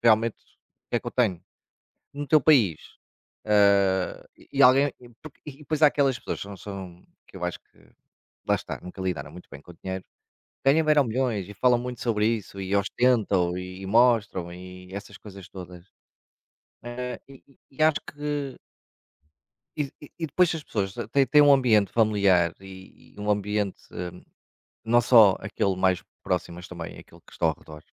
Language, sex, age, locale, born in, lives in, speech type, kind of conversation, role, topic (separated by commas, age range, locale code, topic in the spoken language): Portuguese, male, 45-49, pt-PT, Portugal, Portugal, conversation, unstructured, none, Você acha que o dinheiro muda as pessoas para pior?